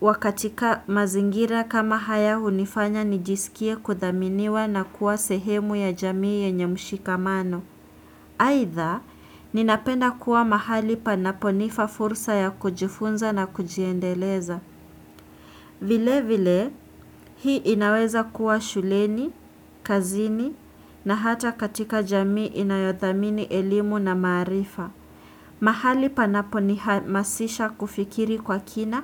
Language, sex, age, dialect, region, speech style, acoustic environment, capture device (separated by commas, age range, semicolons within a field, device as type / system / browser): Swahili, female, 18-24, Kenya - Nairobi Sheng-influenced, Kenya, anxious, nature, desktop / Windows / Chrome